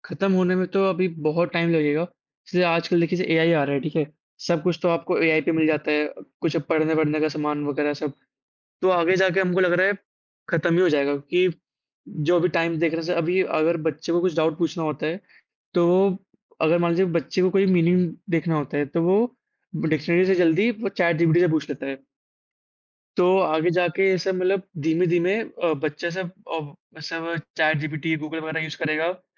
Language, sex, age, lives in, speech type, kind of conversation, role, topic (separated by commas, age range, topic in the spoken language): Hindi, male, 18-19, India, conversation, unstructured, none, आपके लिए ई-पुस्तकों और मुद्रित पुस्तकों में क्या अंतर है?